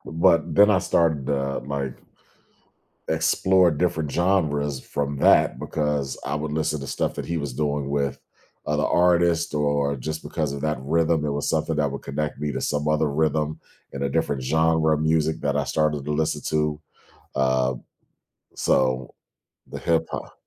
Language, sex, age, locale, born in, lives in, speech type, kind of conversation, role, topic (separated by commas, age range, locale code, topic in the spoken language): English, male, 40-44, en-US, United States, United States, conversation, podcast, guest, How can a single song transform the way you experience music?